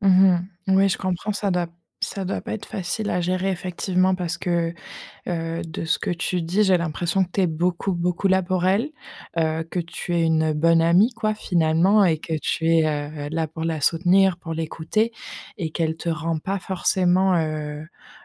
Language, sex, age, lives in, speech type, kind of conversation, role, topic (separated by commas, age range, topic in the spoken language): French, female, 25-29, France, conversation, advice, advisor, Comment gérer un ami qui ne te rend jamais la pareille ?